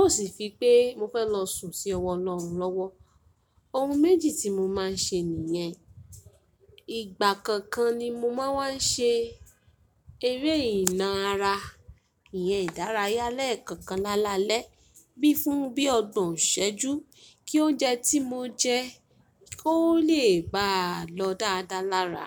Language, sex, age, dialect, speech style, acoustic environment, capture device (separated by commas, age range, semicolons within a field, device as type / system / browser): Yoruba, female, 25-34, Nigeria - Ibadan, relaxed, nature, mobile / Linux / Chrome